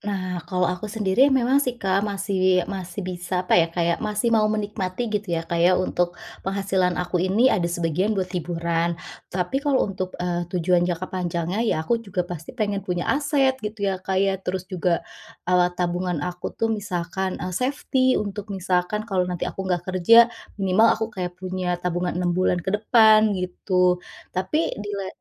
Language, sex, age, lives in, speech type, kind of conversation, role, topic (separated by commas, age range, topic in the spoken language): Indonesian, female, 35-39, Indonesia, conversation, advice, user, Bagaimana cara menyeimbangkan kebutuhan saat ini dan tujuan keuangan masa depan?